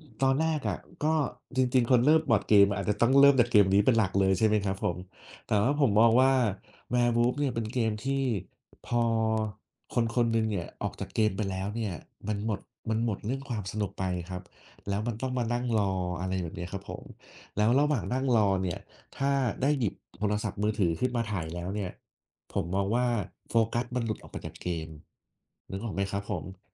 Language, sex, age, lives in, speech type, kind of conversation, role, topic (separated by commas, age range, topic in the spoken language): Thai, male, 30-34, Thailand, conversation, unstructured, none, ทำไมบางครั้งการทำงานอดิเรกถึงทำให้รู้สึกเหงา?